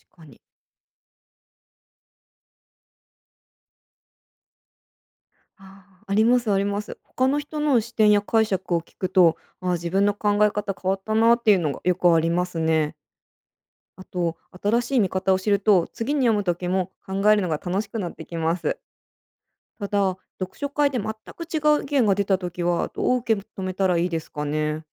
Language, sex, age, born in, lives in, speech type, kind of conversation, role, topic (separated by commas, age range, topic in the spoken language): Japanese, female, 30-34, Japan, United States, read, expert-assistant, asker, 読書会で解釈が分かれるのはなぜですか？